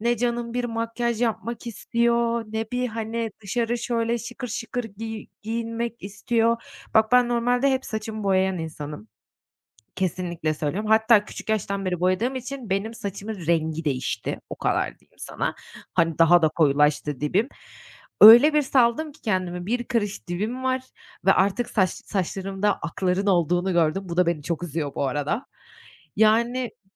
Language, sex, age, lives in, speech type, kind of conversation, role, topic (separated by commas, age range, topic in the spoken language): Turkish, female, 30-34, Netherlands, conversation, advice, user, İşe geri dönerken tükenmişliği nasıl önleyip yönetebilir ve kendime nasıl daha iyi bakabilirim?